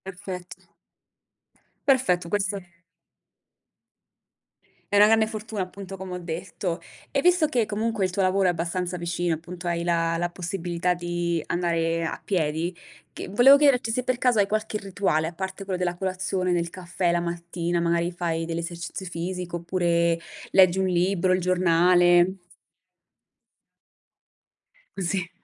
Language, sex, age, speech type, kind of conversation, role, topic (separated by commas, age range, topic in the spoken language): Italian, female, 30-34, conversation, podcast, host, Com’è una tua giornata tipo al lavoro, raccontata in modo semplice?